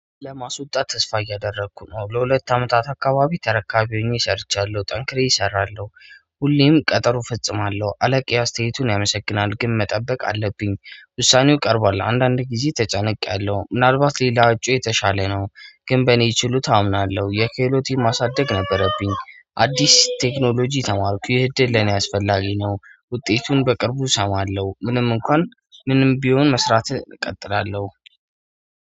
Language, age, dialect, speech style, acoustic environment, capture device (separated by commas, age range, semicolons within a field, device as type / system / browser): Amharic, 25-34, Ethiopia - Addis Ababa, happy, silence, mobile / Android / Chrome